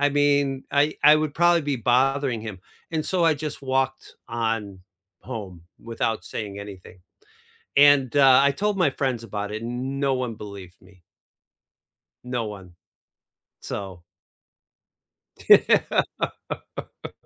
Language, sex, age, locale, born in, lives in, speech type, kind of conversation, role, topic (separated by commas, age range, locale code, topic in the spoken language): English, male, 55-59, en-US, United States, United States, conversation, unstructured, none, What do you remember about the first concert you ever attended?